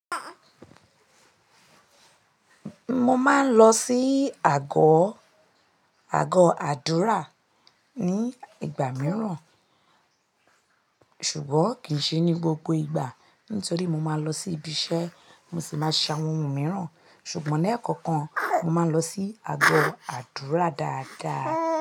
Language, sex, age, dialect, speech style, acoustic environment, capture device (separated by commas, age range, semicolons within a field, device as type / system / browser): Yoruba, female, 25-34, Nigeria - Lagos Yoruba, tired; stressed, people talking; nature, mobile / Linux / Chrome